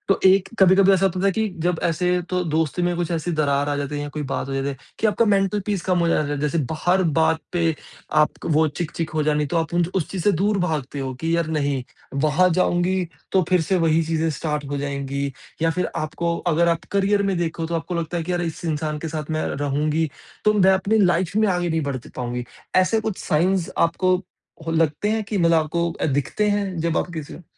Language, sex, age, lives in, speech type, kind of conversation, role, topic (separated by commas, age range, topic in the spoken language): Hindi, male, 30-34, India, conversation, podcast, host, Kaise pata chalta hai ki humein kisi cheez ko chhod dena chahiye?